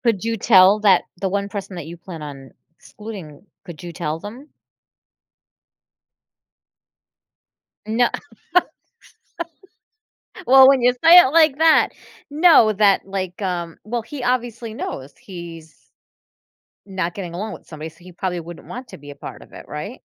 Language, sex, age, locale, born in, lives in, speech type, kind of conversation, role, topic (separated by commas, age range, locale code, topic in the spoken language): English, female, 45-49, en-US, United States, United States, conversation, advice, advisor, How can I reconnect with my friends?